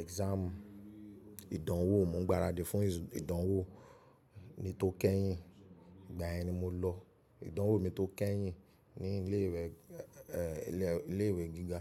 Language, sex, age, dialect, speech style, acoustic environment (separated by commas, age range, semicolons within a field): Yoruba, male, 25-34, Nigeria - Nigerian Standard, happy; excited; focused; relaxed, people talking; music; nature; silence; appliances